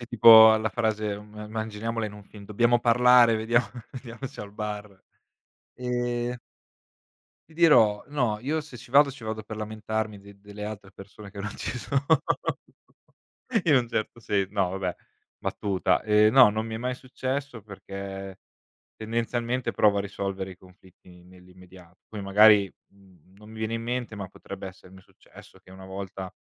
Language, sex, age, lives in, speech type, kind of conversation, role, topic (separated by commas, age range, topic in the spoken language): Italian, male, 25-29, Italy, conversation, podcast, guest, Che ruolo hanno il bar o il locale di quartiere nelle relazioni?